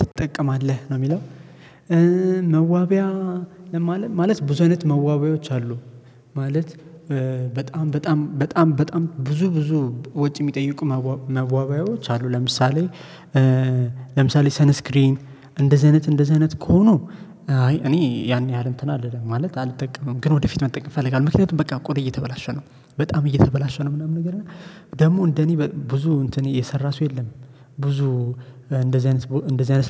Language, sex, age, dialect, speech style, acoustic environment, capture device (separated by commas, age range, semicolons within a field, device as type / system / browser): Amharic, male, 25-34, Ethiopia - Addis Ababa, happy, silence, mobile / Linux / Chrome